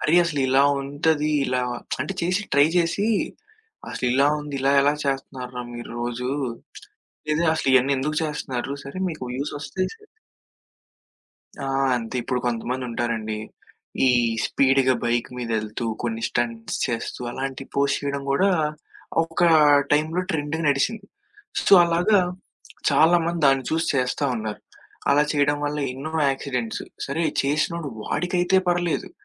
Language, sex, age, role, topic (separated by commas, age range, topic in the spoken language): Telugu, male, 20-24, guest, సామాజిక మాధ్యమాల్లో కనిపించే ట్రెండ్లు మీ నిర్ణయాలను ఎలా ప్రభావితం చేసి మార్చేస్తాయి?